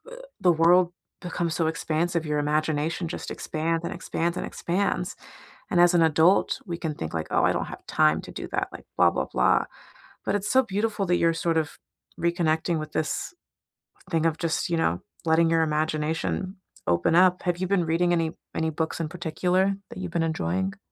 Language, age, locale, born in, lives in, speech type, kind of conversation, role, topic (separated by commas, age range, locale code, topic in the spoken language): English, 30-34, en-US, United States, United States, conversation, unstructured, none, How have you brought a childhood hobby back into your life, and what has it given you?